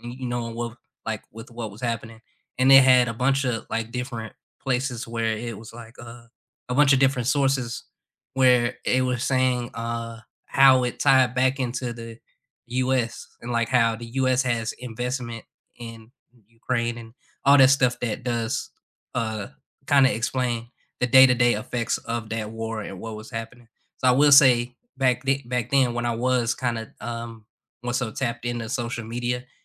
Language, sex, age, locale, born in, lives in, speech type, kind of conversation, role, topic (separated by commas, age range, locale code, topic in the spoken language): English, male, 25-29, en-US, United States, United States, conversation, unstructured, none, What are your go-to ways to stay informed about local government and community decisions?